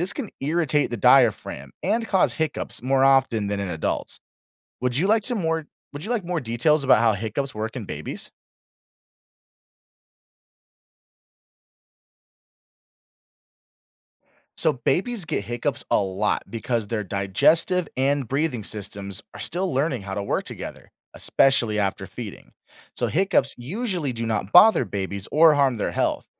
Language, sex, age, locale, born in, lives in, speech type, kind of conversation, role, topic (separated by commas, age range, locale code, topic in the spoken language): English, male, 25-29, en-US, United States, United States, read, expert-assistant, expert, What causes hiccups and how can they be managed?